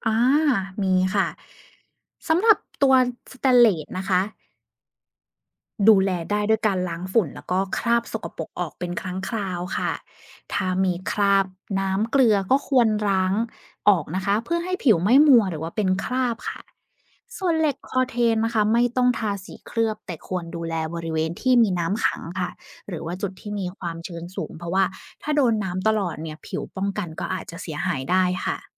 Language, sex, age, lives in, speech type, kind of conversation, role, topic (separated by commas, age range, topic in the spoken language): Thai, female, 30-34, Thailand, read, expert-assistant, expert, ทำไมเหล็กถึงเป็นสนิมเมื่อโดนน้ำ